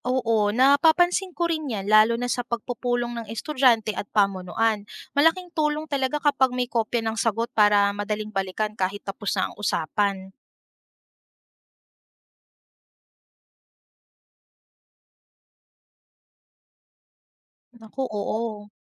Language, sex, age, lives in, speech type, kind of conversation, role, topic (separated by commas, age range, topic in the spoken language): Filipino, female, 30-34, Philippines, read, expert-assistant, asker, Paano mo ipapaliwanag ang public relations sa isang kaibigan na hindi nagtatrabaho sa media o negosyo?